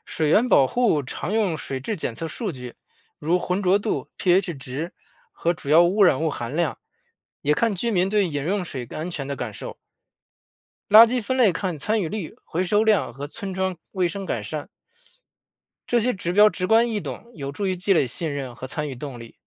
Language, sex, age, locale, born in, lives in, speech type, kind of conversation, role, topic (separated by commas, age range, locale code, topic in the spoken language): Chinese, male, 35-39, zh-CN, China, United States, read, expert-assistant, expert, 在野生动物保护中，哪些物种的保护最应该被优先考虑？